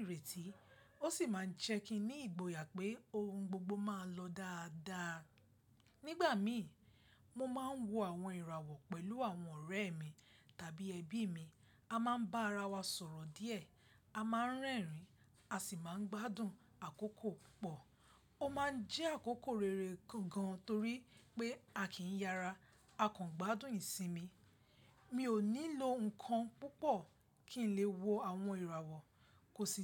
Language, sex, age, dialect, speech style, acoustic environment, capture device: Yoruba, female, 25-34, Nigeria - Lagos Yoruba, focused, silence, mobile / Linux / Chrome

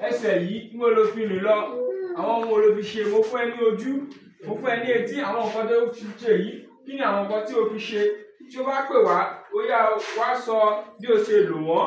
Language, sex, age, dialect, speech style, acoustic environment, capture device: Yoruba, male, 25-34, Nigeria - Lagos Yoruba, relaxed, people talking, mobile / Linux / Chrome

